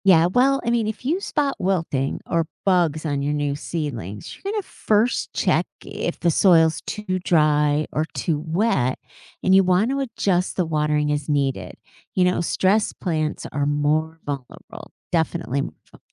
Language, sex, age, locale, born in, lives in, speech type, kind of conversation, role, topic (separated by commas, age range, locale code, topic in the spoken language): English, female, 55-59, en-US, United States, United States, read, expert-assistant, expert, How can gardeners prepare for weather and pest challenges?